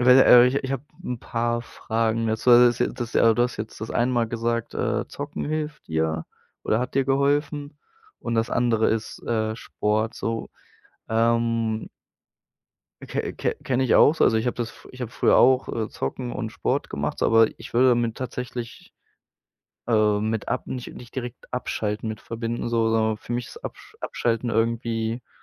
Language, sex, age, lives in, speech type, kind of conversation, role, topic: German, male, 25-29, Germany, conversation, podcast, host, Welche Aktivität hilft dir am besten, abzuschalten?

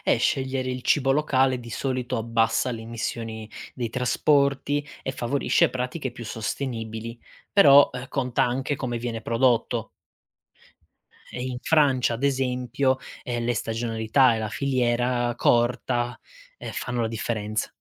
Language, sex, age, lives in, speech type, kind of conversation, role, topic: Italian, male, 25-29, Italy, read, expert-assistant, expert, Perché in alcuni paesi comprare cibo locale è visto come fondamentale, mentre in altri si preferisce il prodotto importato?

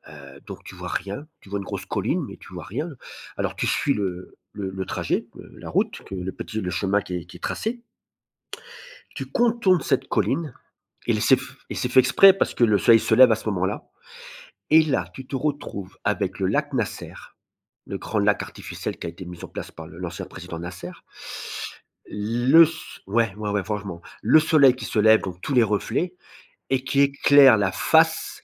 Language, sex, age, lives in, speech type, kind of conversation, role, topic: French, male, 50-54, France, conversation, podcast, guest, Quel voyage a changé ta vision du monde ?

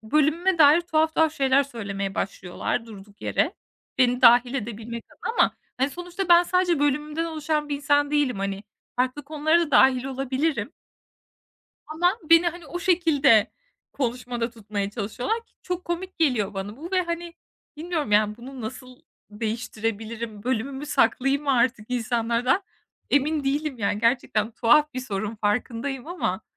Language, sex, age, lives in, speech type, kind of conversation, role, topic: Turkish, female, 25-29, Estonia, conversation, advice, user, Partilerde ve etkinliklerde sosyal olarak nasıl daha rahat hissedebilirim?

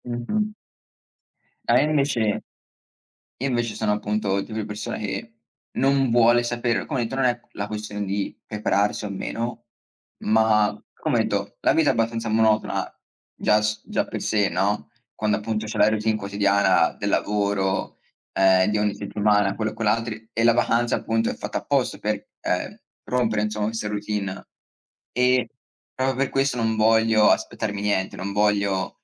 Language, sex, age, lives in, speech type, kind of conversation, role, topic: Italian, male, 20-24, United States, conversation, unstructured, none, Ti piace pianificare tutto o preferisci viaggiare all’avventura?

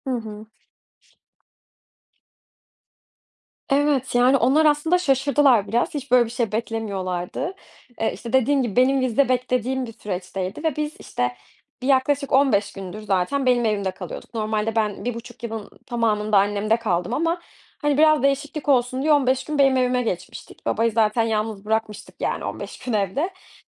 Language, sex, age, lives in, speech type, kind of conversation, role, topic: Turkish, female, 25-29, Germany, conversation, podcast, guest, Ailenle yaşadığın unutulmaz bir anıyı paylaşır mısın?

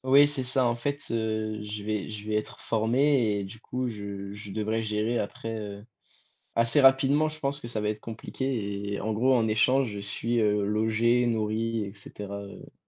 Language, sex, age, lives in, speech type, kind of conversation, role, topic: French, male, 18-19, France, conversation, advice, user, Envisagez-vous de déménager pour une opportunité professionnelle, et dans quelles conditions ?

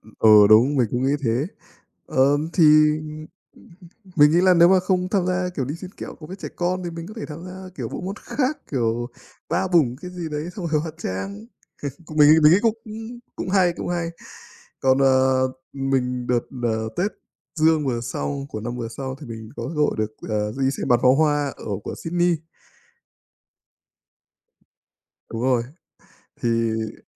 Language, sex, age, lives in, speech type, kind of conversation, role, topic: Vietnamese, male, 25-29, Vietnam, conversation, unstructured, none, Bạn thích tham gia lễ hội nào nhất trong năm?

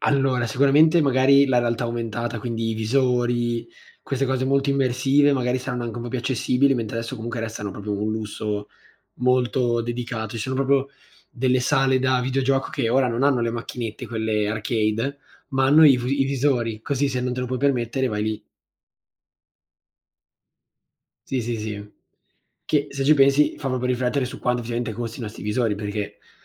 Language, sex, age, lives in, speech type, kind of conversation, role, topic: Italian, male, 18-19, Italy, conversation, unstructured, none, Quale tecnologia ti ha reso più felice nella vita quotidiana?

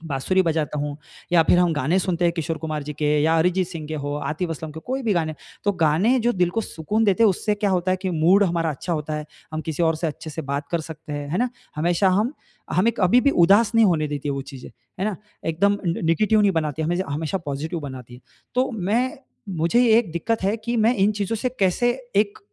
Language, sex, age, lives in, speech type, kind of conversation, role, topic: Hindi, male, 35-39, India, conversation, advice, user, फ़ोन और दूसरी चीज़ों से ध्यान भटकने के कारण मनोरंजन के समय में बाधा क्यों होती है?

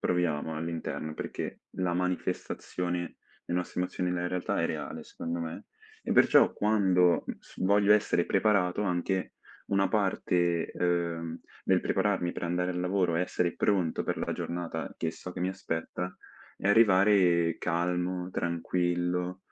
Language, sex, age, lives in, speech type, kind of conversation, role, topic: Italian, male, 18-19, Italy, conversation, unstructured, none, Come ti prepari prima di una negoziazione importante al lavoro?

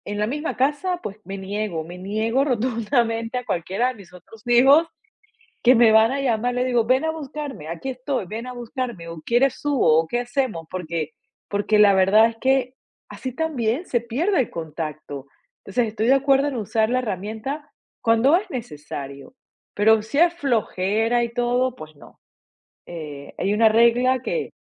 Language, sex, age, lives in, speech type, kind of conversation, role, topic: Spanish, female, 45-49, United States, conversation, podcast, guest, ¿Cómo influye la comunicación en las relaciones familiares?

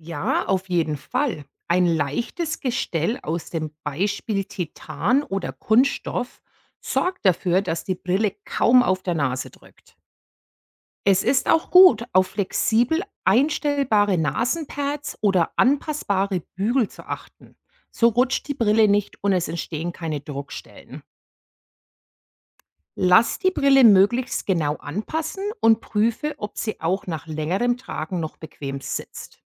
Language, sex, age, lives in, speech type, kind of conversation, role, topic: German, female, 45-49, United States, read, expert-assistant, expert, Wie funktioniert eine Brille?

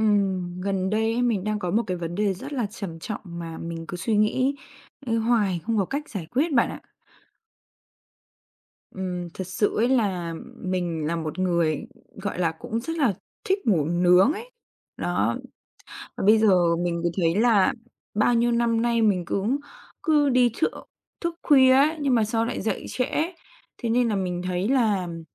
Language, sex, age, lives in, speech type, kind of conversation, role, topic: Vietnamese, female, 20-24, Vietnam, conversation, advice, user, Làm thế nào để dậy sớm đều đặn khi bạn thường xuyên ngủ nướng?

